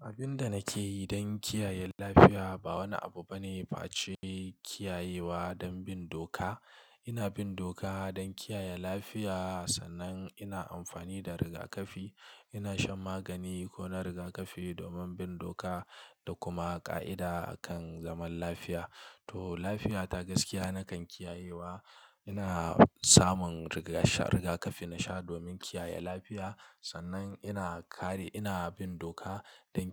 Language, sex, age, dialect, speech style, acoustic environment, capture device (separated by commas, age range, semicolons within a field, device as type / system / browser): Hausa, male, 25-34, Nigeria - Kano, relaxed; stressed, silence, mobile / Linux / Chrome